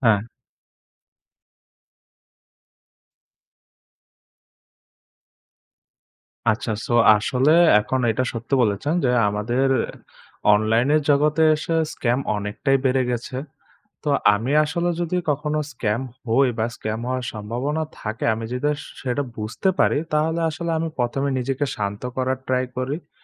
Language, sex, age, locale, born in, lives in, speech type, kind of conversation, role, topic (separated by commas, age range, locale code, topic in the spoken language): Bengali, male, 20-24, bn-BD, Bangladesh, Bangladesh, conversation, podcast, guest, অনলাইন স্ক্যামের শিকার হলে প্রথমে আপনি কী করবেন?